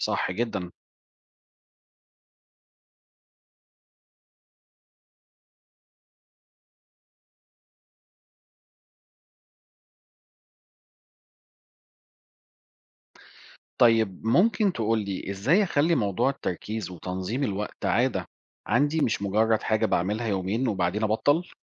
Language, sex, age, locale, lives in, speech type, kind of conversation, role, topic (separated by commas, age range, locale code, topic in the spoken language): Arabic, male, 40-44, ar-EG, Portugal, read, expert-assistant, asker, ما أهمية تنظيم الوقت لتحقيق الأهداف الشخصية؟